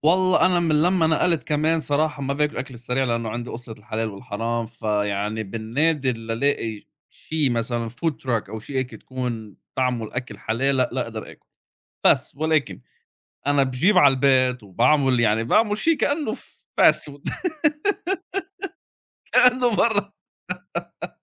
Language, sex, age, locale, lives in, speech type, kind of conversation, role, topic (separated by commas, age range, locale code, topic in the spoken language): Arabic, male, 30-34, ar-SA, United States, conversation, unstructured, none, هل إنت شايف إن الأكل السريع بيأثر بالسلب على صحتنا؟